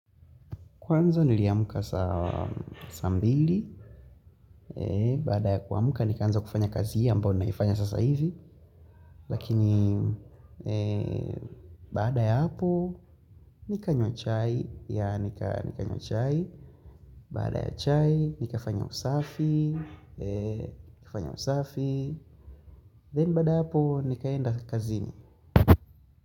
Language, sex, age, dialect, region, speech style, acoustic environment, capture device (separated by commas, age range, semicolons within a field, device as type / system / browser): Swahili, male, 18-24, Tanzania - Dar es Salaam, Tanzania, focused, nature; appliances; people talking; music, mobile / Linux / Chrome